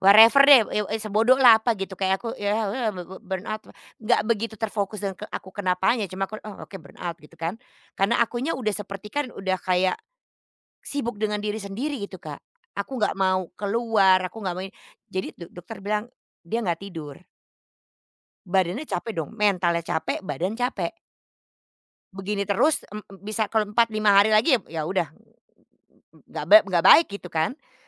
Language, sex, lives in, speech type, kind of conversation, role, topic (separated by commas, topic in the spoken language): Indonesian, female, Netherlands, conversation, podcast, guest, Menurut kamu, apa saja tanda-tanda awal kelelahan kerja yang biasanya muncul?